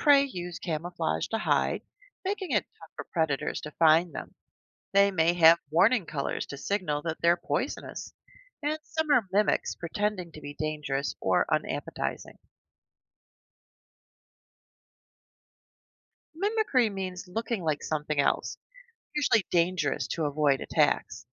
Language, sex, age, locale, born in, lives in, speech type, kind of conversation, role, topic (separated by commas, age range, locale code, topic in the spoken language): English, female, 55-59, en-US, United States, United States, read, expert-assistant, expert, What is the difference between predator and prey?